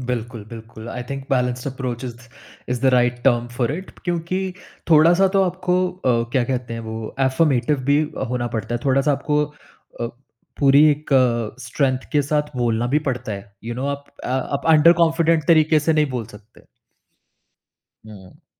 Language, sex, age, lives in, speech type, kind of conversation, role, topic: Hindi, male, 30-34, India, conversation, podcast, guest, Relatives ko ‘na’ bolna aap kaise aasaan banate ho?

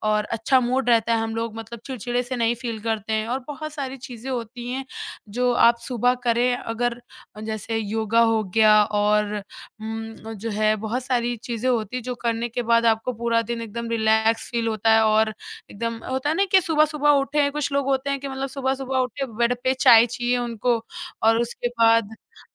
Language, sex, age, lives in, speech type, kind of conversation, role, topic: Hindi, male, 30-34, India, conversation, podcast, guest, Tum apne ideas ko kaise capture karte ho?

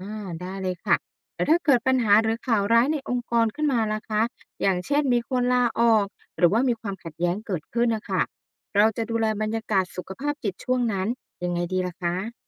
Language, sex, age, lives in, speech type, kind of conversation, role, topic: Thai, female, 35-39, Thailand, read, expert-assistant, asker, ทำไมบางประเทศพูดเรื่องสุขภาพจิตกันเปิดเผย แต่บางประเทศกลับเงียบ?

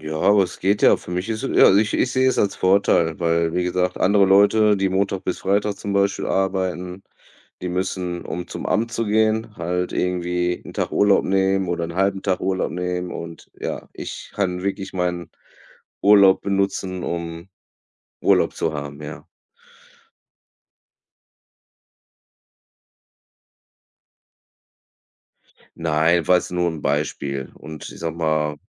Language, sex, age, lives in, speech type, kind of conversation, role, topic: German, male, 35-39, Germany, conversation, unstructured, none, Wie stehst du zu den steigenden Energiepreisen?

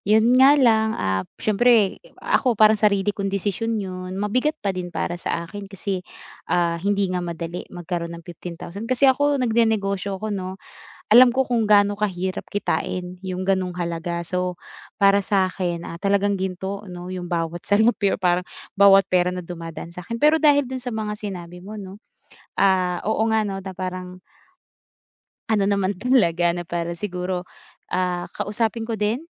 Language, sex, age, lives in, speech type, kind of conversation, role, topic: Filipino, female, 25-29, Philippines, conversation, advice, user, Paano ko haharapin ang presyur na sumunod sa inaasahan ng grupo?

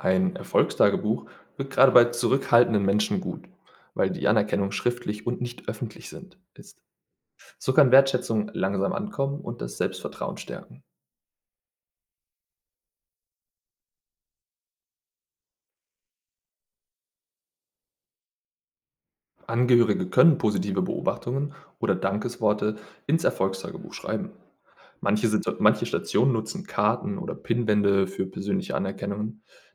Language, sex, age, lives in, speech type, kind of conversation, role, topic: German, male, 25-29, Germany, read, expert-assistant, expert, Wie können Pflegekräfte den Alltag für Patienten menschlicher und angenehmer gestalten, auch wenn wenig Zeit bleibt?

